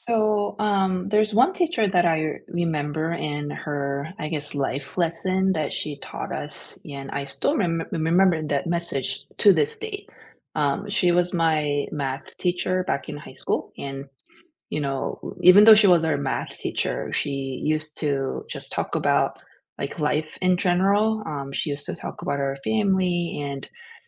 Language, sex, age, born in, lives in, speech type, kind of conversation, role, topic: English, female, 40-44, South Korea, United States, conversation, unstructured, none, What small teacher habits made learning click for you, and why did they matter?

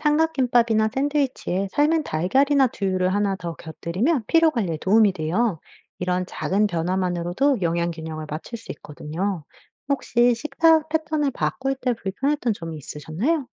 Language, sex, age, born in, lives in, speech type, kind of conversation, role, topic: Korean, female, 35-39, United States, United States, read, expert-assistant, expert, 요즘 자고 일어나도 피곤한데, 어떤 점을 점검해 보면 좋을까요?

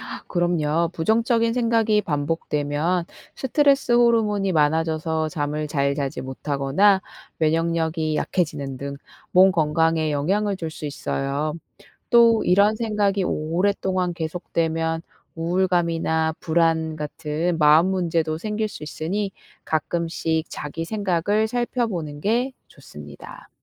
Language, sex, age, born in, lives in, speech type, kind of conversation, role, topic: Korean, female, 35-39, South Korea, South Korea, read, expert-assistant, expert, 왜 어떤 사람들은 어려운 상황에서도 긍정적으로 생각할까요?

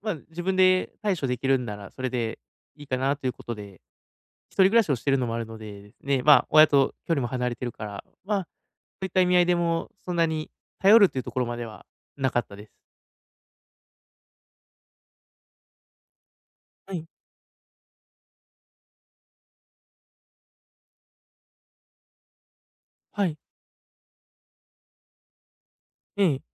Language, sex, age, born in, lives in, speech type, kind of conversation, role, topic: Japanese, male, 30-34, Japan, Japan, conversation, advice, user, 助けを頼むときの不安をどうすれば減らせますか？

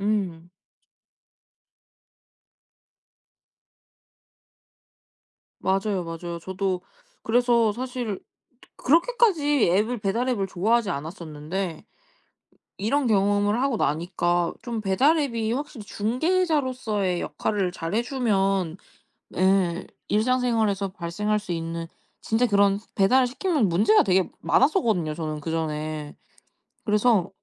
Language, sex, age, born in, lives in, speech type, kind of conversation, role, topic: Korean, female, 20-24, South Korea, Japan, conversation, podcast, guest, 택배와 배달 서비스가 우리 일상에 어떤 변화를 가져왔나요?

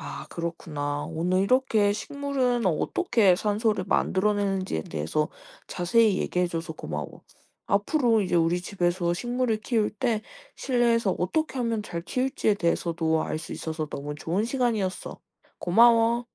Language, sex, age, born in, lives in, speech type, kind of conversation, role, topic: Korean, female, 20-24, South Korea, Japan, read, expert-assistant, asker, 식물은 어떻게 산소를 만들어내나요?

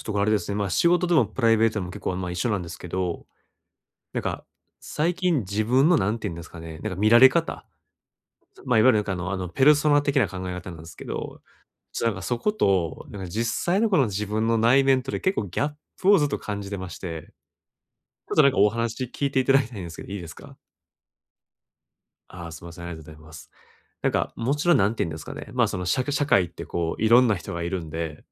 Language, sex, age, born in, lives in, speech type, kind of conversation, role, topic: Japanese, male, 30-34, Japan, Japan, conversation, advice, user, 社会のラベルと自分らしさのバランスはどう取ればいいですか？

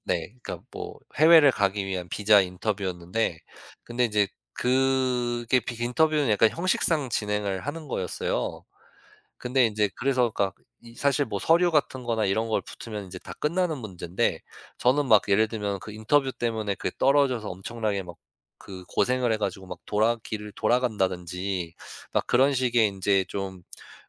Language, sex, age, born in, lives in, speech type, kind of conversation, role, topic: Korean, male, 35-39, United States, United States, conversation, podcast, guest, 변화를 위해 어떤 작은 습관을 바꿨나요?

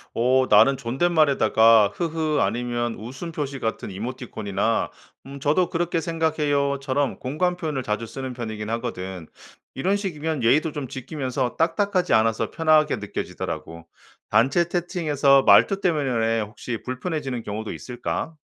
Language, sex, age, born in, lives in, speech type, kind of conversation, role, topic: Korean, male, 45-49, South Korea, United States, read, expert-assistant, asker, 한국어의 존댓말을 좀 더 쉽게 바꾼다면, 어떤 방식이 좋을까요?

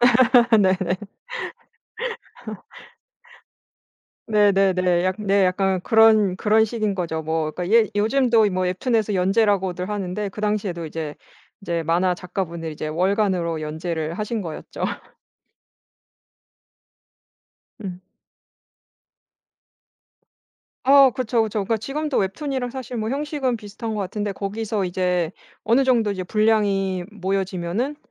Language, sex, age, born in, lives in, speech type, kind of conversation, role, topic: Korean, female, 35-39, South Korea, France, conversation, podcast, guest, 오래된 물건에 담긴 추억이 있다면 들려주실래요?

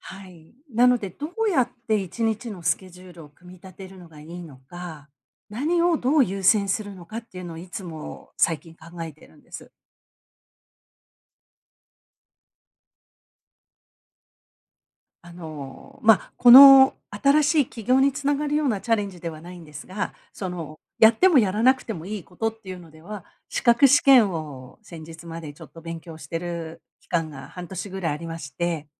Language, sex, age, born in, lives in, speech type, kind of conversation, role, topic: Japanese, female, 55-59, Japan, United States, conversation, advice, user, 集中して作業する時間をどうやって確保できますか？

